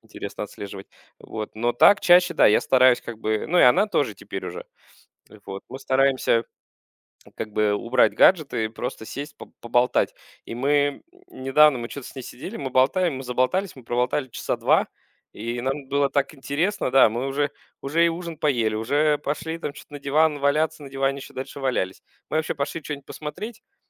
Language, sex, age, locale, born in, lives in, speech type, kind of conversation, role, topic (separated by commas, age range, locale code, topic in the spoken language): Russian, male, 35-39, ru-UA, Russia, Germany, conversation, podcast, guest, Как современные технологии влияют на семейные ужины и общение?